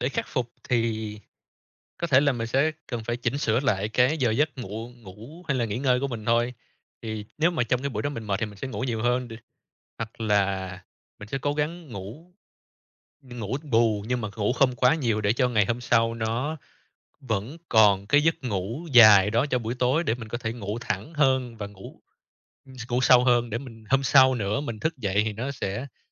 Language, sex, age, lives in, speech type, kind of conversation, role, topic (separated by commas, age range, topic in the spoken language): Vietnamese, male, 30-34, Vietnam, conversation, unstructured, none, Bạn thường bắt đầu ngày mới như thế nào để tràn đầy năng lượng?